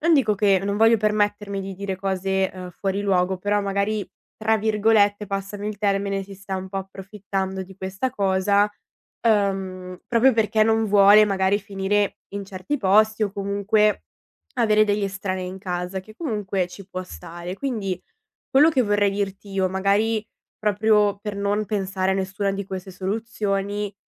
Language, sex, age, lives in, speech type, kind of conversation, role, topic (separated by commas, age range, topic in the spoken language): Italian, female, 20-24, Italy, conversation, advice, advisor, Che cosa significa per te assumerti la responsabilità di prenderti cura di un genitore anziano?